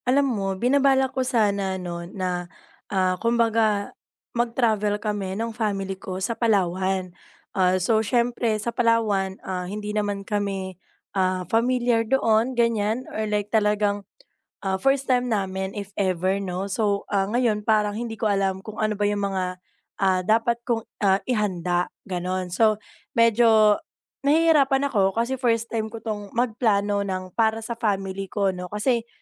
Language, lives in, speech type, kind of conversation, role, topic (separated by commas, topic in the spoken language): Filipino, Philippines, conversation, advice, user, Paano ako makapaghahanda para maglakbay sa mga hindi pamilyar na lugar?